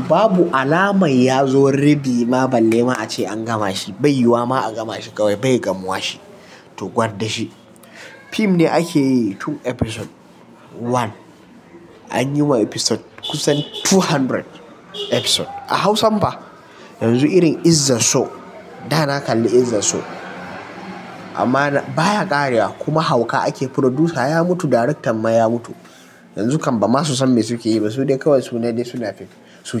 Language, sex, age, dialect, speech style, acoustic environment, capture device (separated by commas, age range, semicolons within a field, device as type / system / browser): Hausa, male, 18-24, Nigeria - Kano, happy; anxious; tired; relaxed; nervous; excited; focused; stressed, traffic; construction; appliances; nature; silence; music; people talking, mobile / Linux / Chrome